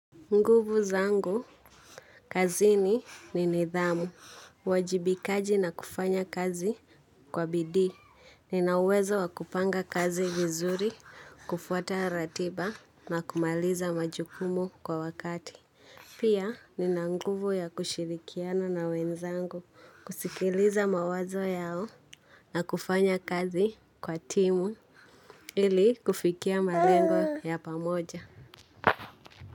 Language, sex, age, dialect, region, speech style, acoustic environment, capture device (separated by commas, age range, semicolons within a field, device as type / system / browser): Swahili, female, 18-24, Kenya - Nairobi Sheng-influenced, Kenya, anxious; excited; nervous, people talking; nature, mobile / Linux / Chrome